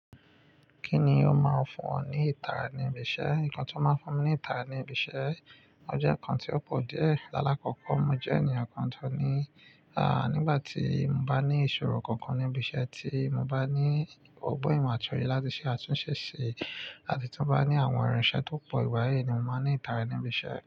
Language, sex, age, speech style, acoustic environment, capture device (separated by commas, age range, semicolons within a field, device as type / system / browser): Yoruba, male, 25-34, focused, nature, mobile / Linux / Chrome